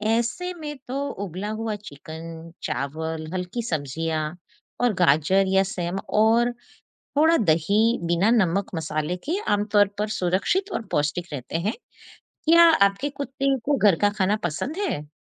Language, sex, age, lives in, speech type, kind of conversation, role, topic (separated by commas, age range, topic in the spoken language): Hindi, female, 50-54, United States, read, expert-assistant, expert, अगर आपके पास सीमित समय और संसाधन हों, तो पालतू जानवरों की देखभाल में किन बातों को प्राथमिकता देनी चाहिए: पोषण, व्यायाम, या मानसिक उत्तेजना?